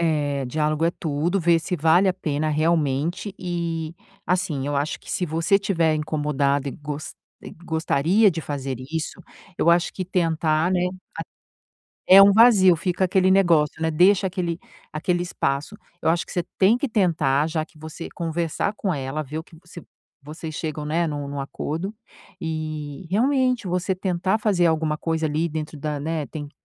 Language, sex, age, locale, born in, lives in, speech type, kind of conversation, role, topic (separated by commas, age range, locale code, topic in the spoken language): Portuguese, female, 50-54, pt-BR, Brazil, United States, conversation, advice, advisor, Como posso tomar decisões quando tenho informações limitadas?